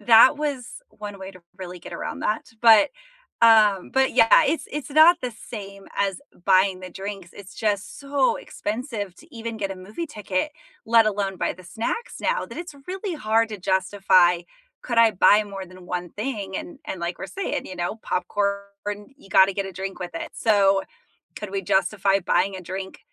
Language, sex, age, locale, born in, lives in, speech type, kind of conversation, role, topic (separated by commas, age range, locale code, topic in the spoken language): English, female, 35-39, en-US, United States, United States, conversation, unstructured, none, What are your go-to movie snacks, and what is your ultimate movie theater food combo?